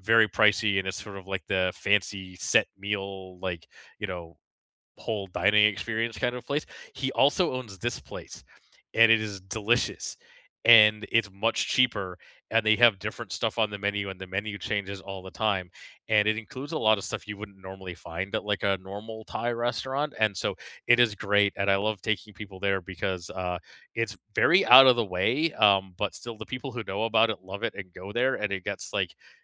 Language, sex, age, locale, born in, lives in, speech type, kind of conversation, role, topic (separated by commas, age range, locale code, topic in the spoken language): English, male, 40-44, en-CA, Canada, Canada, conversation, unstructured, none, Which hidden local spots are you willing to share, and which should stay secret?